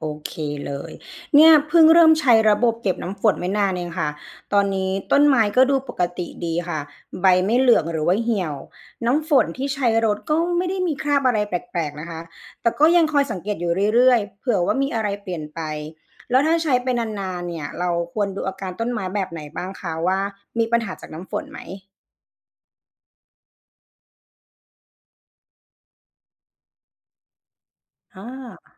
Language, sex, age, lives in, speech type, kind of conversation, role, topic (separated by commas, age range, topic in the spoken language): Thai, female, 35-39, Thailand, read, expert-assistant, asker, อะไรเป็นปัจจัยสำคัญที่ทำให้เกิดภาวะขาดแคลนน้ำในบางพื้นที่ของโลก ทั้งที่โลกมีน้ำอยู่มาก? (What causes water scarcity in some parts of the world, even though there is so much water overall?)